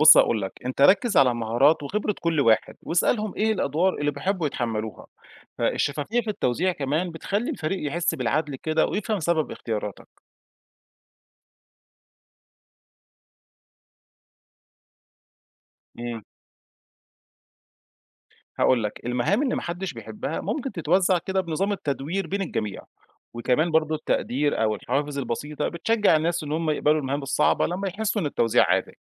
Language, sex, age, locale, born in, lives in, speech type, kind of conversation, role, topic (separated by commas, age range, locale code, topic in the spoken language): Arabic, male, 35-39, ar-EG, Egypt, Egypt, read, expert-assistant, expert, إزاي ممكن أطبق فكرة توزيع السلطات في نظام الحكم على تنظيم وقتي أو شغلي؟